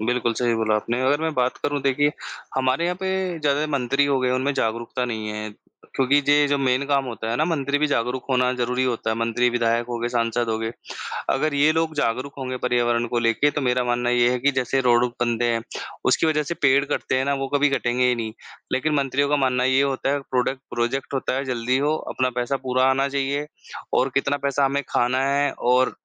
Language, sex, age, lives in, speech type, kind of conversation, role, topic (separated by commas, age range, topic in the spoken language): Hindi, male, 25-29, India, conversation, unstructured, none, क्या आप सोचते हैं कि पर्यावरण की खराब हालत के लिए इंसान जिम्मेदार है?